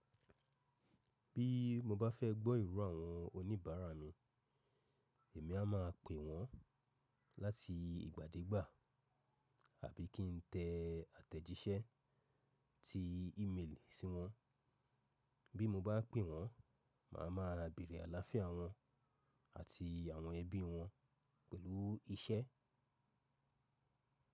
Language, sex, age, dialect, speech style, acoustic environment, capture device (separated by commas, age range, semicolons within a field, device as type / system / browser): Yoruba, male, 35-44, Nigeria - Lagos Yoruba, focused; relaxed, silence, mobile / macOS / Safari